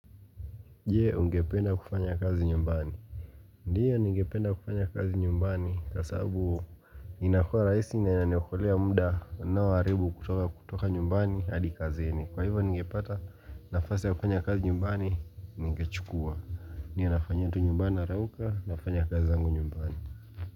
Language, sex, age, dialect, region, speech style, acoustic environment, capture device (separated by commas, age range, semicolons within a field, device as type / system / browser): Swahili, male, 25-34, Kenya - Mombasa, Kenya, relaxed, silence, mobile / Linux / Chrome